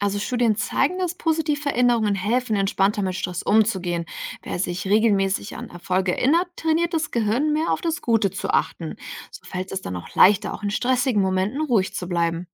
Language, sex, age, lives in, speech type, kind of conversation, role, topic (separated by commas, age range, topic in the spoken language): German, female, 65-69, Germany, read, expert-assistant, expert, Was passiert im Gehirn, wenn wir Angst haben?